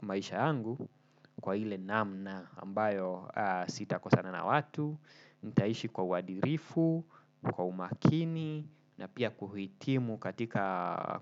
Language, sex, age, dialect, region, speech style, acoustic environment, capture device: Swahili, male, 25-34, Kenya - Nairobi Sheng-influenced, Kenya, focused, nature, desktop / Windows / Chrome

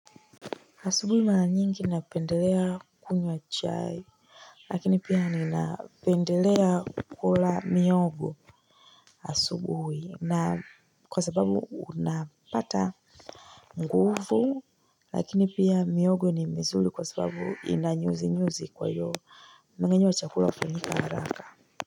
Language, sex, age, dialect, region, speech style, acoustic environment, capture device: Swahili, female, 25-34, Tanzania - Dar es Salaam, Tanzania, relaxed; focused, nature, mobile / Linux / Chrome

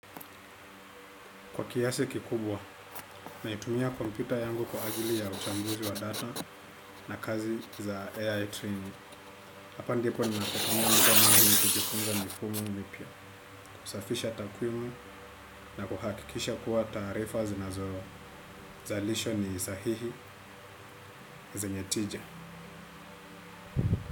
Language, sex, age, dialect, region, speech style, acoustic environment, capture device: Swahili, male, 25-34, Kenya - Nairobi Sheng-influenced, Kenya, relaxed, silence; appliances, desktop / Windows / Chrome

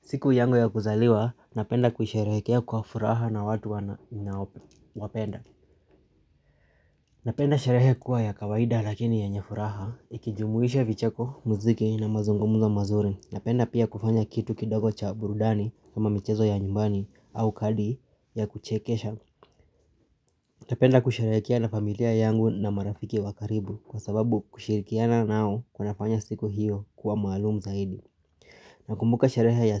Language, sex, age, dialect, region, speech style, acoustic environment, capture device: Swahili, male, 25-34, Kenya - Nairobi Sheng-influenced, Kenya, excited; focused, people talking; nature; construction, mobile / Linux / Chrome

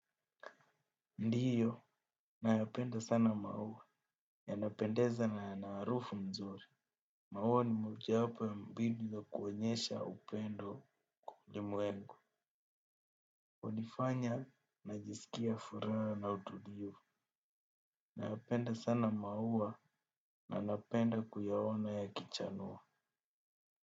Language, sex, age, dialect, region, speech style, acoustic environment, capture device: Swahili, male, 18-24, Kenya - Nairobi Sheng-influenced, Kenya, focused, appliances, desktop / Windows / Chrome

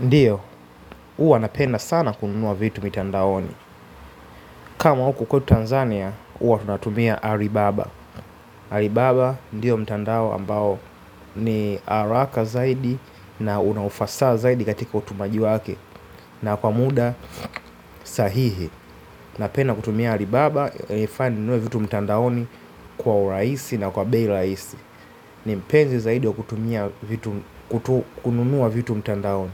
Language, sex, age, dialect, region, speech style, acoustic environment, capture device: Swahili, male, 25-34, Tanzania - Dar es Salaam, Tanzania, happy, silence, mobile / Linux / Chrome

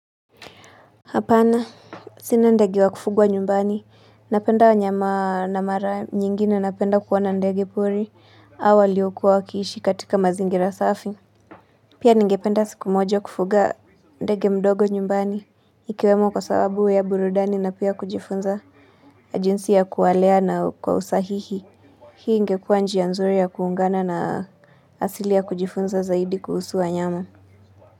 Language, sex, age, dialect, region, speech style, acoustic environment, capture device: Swahili, female, 25-34, Kenya - Nairobi Sheng-influenced, Kenya, relaxed, silence, mobile / Linux / Chrome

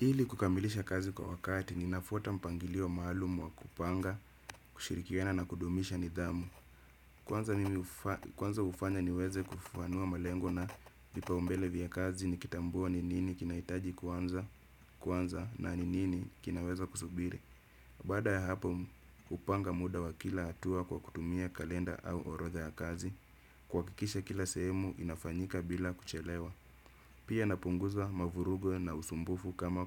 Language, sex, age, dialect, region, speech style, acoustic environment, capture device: Swahili, male, 25-34, Kenya - Nairobi Sheng-influenced, Kenya, happy; relaxed; focused; excited, nature; silence, desktop / Windows / Chrome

